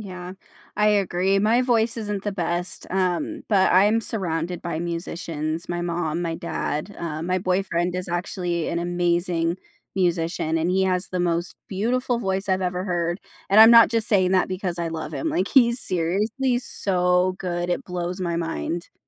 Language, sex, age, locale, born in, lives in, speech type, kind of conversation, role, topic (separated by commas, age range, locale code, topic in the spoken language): English, female, 25-29, en-US, United States, United States, conversation, podcast, host, How can a hobby positively impact someone's life and well-being?